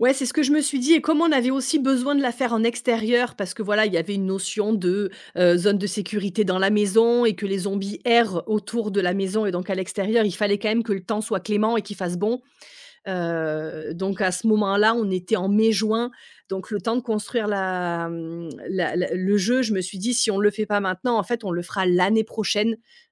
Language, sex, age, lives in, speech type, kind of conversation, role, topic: French, female, 35-39, France, conversation, podcast, guest, Comment la solitude influence-t-elle ton processus créatif ?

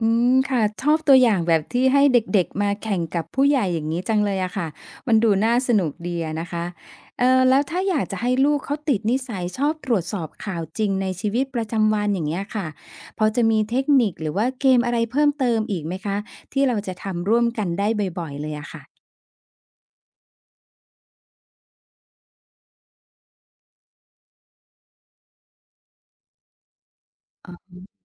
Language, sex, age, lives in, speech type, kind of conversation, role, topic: Thai, female, 50-54, Thailand, read, expert-assistant, asker, เวลาอ่านข่าวเกี่ยวกับทะเลหรือสัตว์น้ำ จะรู้ได้ยังไงว่าอันไหนข้อมูลจริง อันไหนแค่กระแส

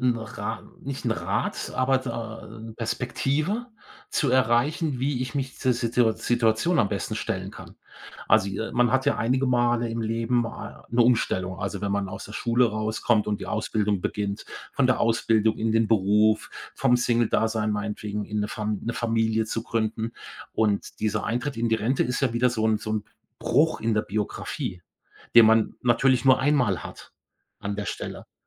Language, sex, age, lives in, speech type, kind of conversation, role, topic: German, male, 55-59, Germany, conversation, advice, user, Welche Sorgen haben Sie vor Ihrer baldigen Rente, und wie möchten Sie Ihre freie Zeit gestalten?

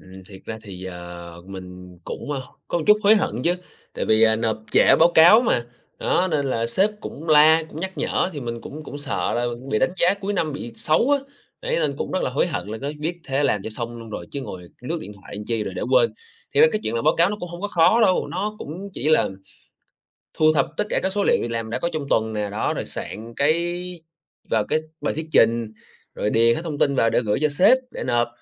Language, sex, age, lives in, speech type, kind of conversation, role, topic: Vietnamese, male, 25-29, Vietnam, conversation, advice, user, Bạn thường bị xao nhãng bởi những điều gì khi làm việc tại nhà?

